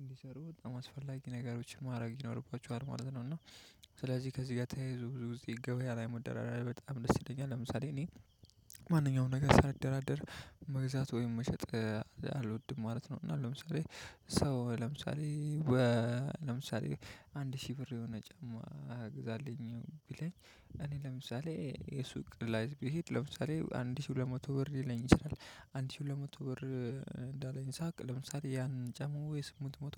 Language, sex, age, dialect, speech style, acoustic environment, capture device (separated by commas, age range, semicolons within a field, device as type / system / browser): Amharic, male, 25-34, Ethiopia - Addis Ababa, relaxed, silence, mobile / Linux / Chrome